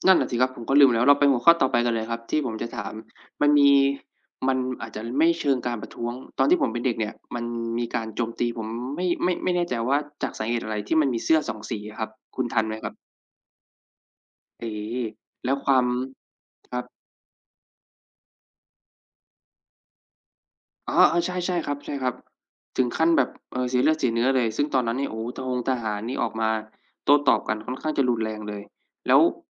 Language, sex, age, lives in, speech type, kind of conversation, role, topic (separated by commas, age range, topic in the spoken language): Thai, male, 25-29, Thailand, conversation, unstructured, none, การประท้วงของประชาชนช่วยเปลี่ยนแปลงประเทศได้จริงหรือไม่?